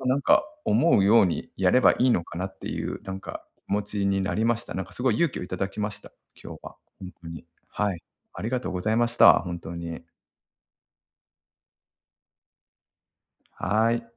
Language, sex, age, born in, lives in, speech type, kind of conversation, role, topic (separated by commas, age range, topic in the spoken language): Japanese, male, 40-44, Japan, Japan, conversation, advice, user, 別れのあと、自分の価値が揺らいで新しい恋愛に踏み出せないときは、どうすればいいですか？